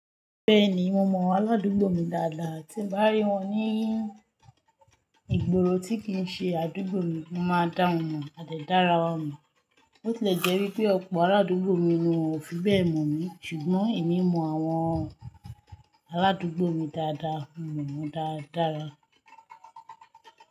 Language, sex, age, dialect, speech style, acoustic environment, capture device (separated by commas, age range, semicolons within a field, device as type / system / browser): Yoruba, female, 25-34, Nigeria - Lagos Yoruba, relaxed, nature, mobile / Linux / Chrome